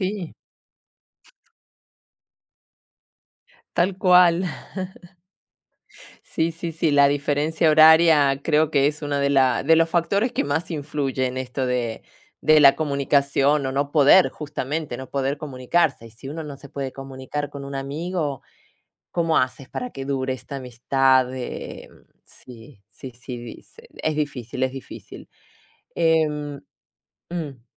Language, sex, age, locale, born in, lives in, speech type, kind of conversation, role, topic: Spanish, female, 45-49, es-AR, Argentina, Italy, conversation, unstructured, none, ¿Crees que la distancia afecta las amistades? ¿Por qué?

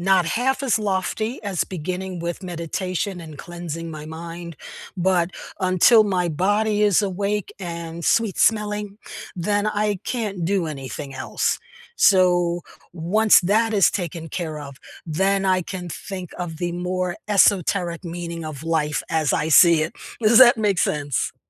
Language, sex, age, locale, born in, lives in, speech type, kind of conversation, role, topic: English, female, 70-74, en-US, United States, United States, conversation, unstructured, none, What small daily habits instantly lift your mood?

